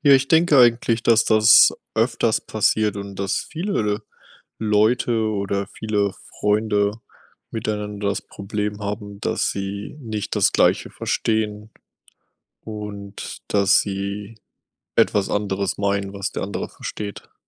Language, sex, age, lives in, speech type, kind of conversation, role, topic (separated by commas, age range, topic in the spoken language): German, male, 25-29, United States, conversation, unstructured, none, Wie wichtig ist Kommunikation in einer Partnerschaft?